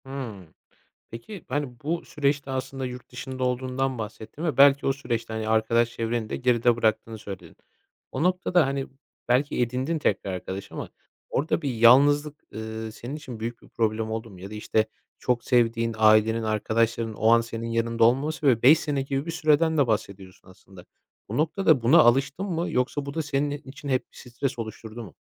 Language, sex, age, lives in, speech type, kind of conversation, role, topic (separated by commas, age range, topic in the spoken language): Turkish, male, 25-29, Poland, conversation, podcast, host, Bir risk alıp olumlu bir sürpriz yaşadığın bir anı anlatır mısın?